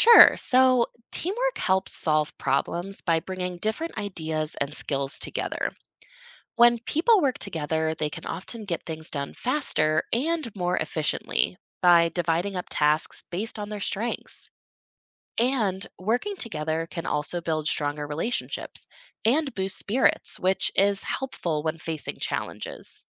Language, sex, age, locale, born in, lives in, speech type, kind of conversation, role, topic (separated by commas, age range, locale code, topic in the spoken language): English, female, 25-29, en-US, United States, United States, read, expert-assistant, expert, What are the benefits of teamwork and collaboration in achieving goals?